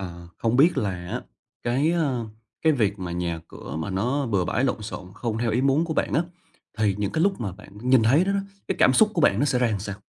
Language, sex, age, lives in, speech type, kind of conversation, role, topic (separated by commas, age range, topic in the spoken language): Vietnamese, male, 25-29, Vietnam, conversation, advice, advisor, Làm sao để tôi có thể thư giãn ở nhà khi lúc nào cũng thấy bồn chồn?